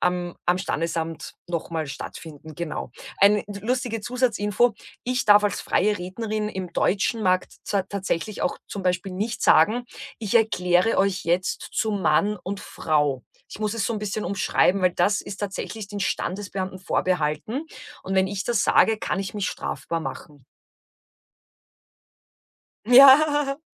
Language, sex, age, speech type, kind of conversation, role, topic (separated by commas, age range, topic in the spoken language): German, female, 35-39, conversation, podcast, guest, Kannst du uns von deinem aktuellen Herzensprojekt erzählen?